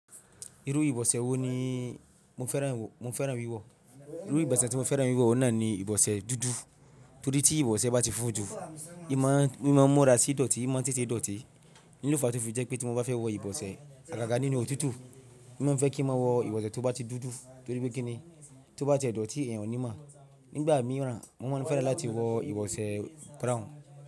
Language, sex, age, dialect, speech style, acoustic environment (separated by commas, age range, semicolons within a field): Yoruba, male, 25-34, Nigeria - Ibadan, relaxed; focused, silence